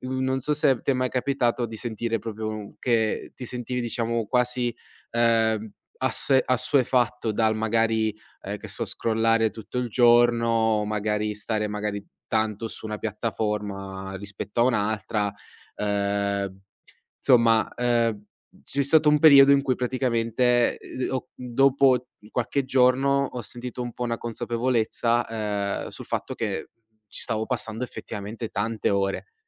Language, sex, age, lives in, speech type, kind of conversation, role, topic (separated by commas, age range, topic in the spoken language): Italian, male, 20-24, Italy, conversation, podcast, guest, Come fai a disintossicarti dai social quando serve?